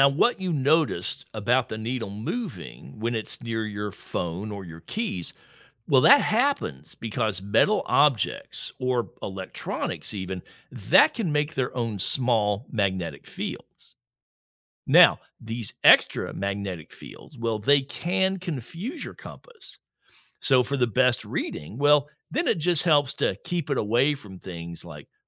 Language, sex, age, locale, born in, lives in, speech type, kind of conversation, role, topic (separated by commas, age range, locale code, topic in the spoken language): English, male, 60-64, en-US, United States, United States, read, expert-assistant, expert, How do compasses and explorers work in polar regions?